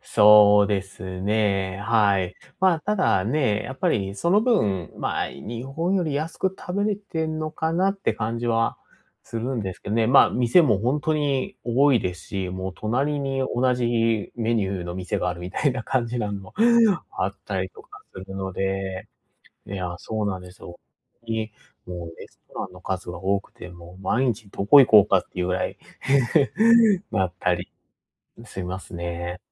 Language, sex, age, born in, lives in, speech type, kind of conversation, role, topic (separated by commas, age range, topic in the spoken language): Japanese, male, 30-34, Japan, Japan, conversation, advice, user, 外食のとき、どうすれば無理なく健康的な選択を続けられますか？